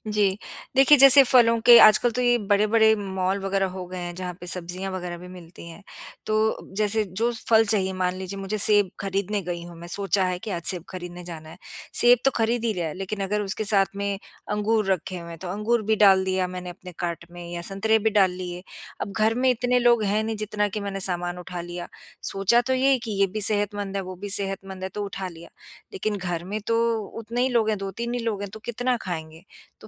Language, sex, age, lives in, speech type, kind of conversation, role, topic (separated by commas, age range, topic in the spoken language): Hindi, female, 50-54, United States, conversation, advice, user, कब और कैसे आपने खाने या खरीदारी जैसे छोटे-छोटे व्यसनों पर अपना नियंत्रण खो दिया?